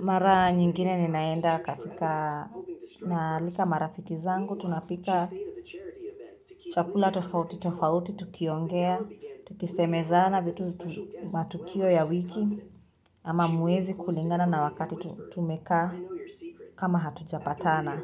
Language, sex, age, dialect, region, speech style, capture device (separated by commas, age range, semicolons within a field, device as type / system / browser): Swahili, female, 25-34, Kenya - Nairobi Sheng-influenced, Kenya, happy; nervous, mobile / Linux / Chrome